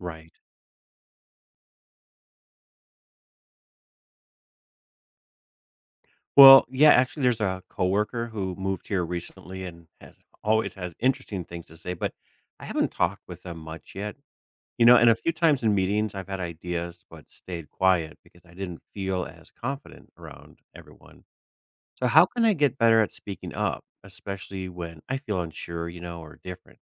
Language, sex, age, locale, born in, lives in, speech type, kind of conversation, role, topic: English, male, 45-49, en-US, United States, United States, read, expert-assistant, asker, How can you learn from people who are different from you?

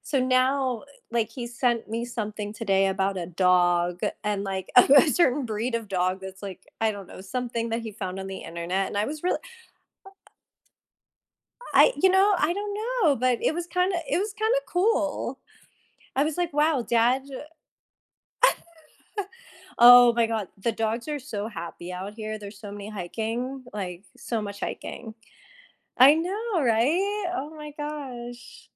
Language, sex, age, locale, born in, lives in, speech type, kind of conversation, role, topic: English, female, 35-39, en-US, United States, United States, conversation, unstructured, none, What helps siblings build strong and lasting connections over time?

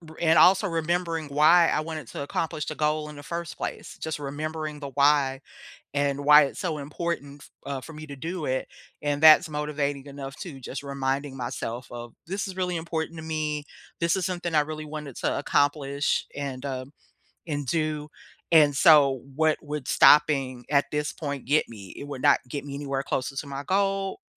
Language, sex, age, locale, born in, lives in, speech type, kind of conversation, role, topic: English, female, 50-54, en-US, United States, United States, conversation, unstructured, none, How do you break big goals into smaller steps?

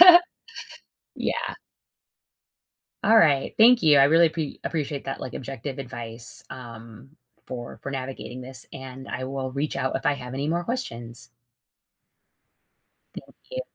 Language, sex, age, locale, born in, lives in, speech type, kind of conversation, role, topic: English, female, 40-44, en-US, United States, United States, conversation, advice, user, How can I improve communication in my long-term relationship?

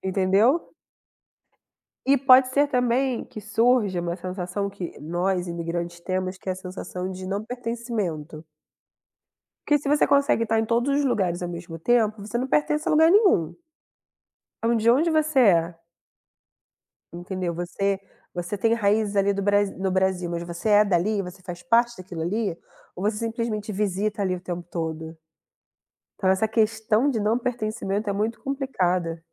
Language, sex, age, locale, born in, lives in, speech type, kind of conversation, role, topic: Portuguese, female, 30-34, pt-BR, Brazil, Germany, conversation, unstructured, none, Como você usaria a capacidade de se teletransportar instantaneamente para qualquer lugar?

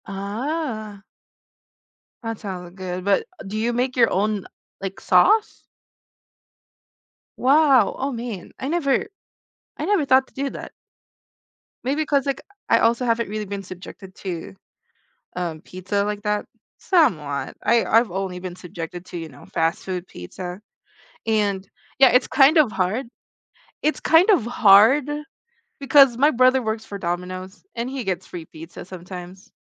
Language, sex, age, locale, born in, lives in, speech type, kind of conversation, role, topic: English, female, 20-24, en-US, Philippines, United States, conversation, unstructured, none, How do I start a food tradition with friends that will stick?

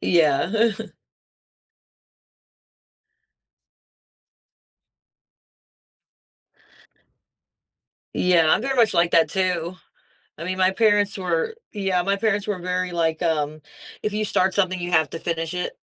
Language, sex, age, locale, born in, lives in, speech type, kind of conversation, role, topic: English, female, 35-39, en-US, United States, United States, conversation, podcast, host, How can setbacks help us grow and move closer to our goals?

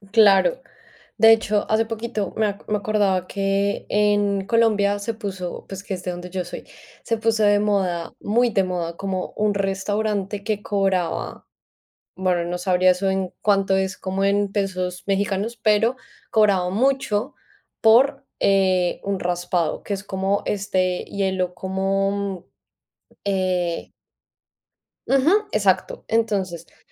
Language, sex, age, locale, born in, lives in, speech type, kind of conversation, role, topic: Spanish, female, 25-29, es-CO, Colombia, Spain, conversation, unstructured, none, ¿Qué comida consideras sobrevalorada y por qué?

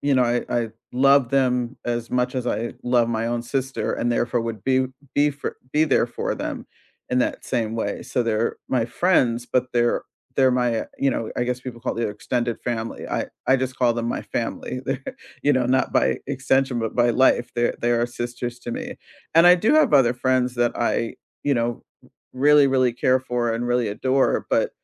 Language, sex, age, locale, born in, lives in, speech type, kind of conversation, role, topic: English, female, 60-64, en-US, United States, United States, conversation, unstructured, none, Which friendship tradition do you cherish and keep alive, and how do you keep it meaningful today?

